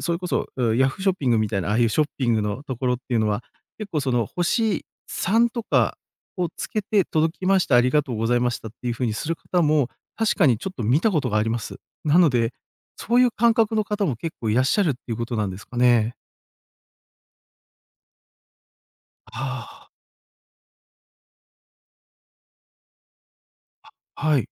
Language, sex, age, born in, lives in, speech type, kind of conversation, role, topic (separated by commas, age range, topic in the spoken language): Japanese, male, 40-44, Japan, Japan, conversation, advice, user, 仕事の評価で自分の価値が決まってしまう気がしてつらいとき、どうしたらいいですか？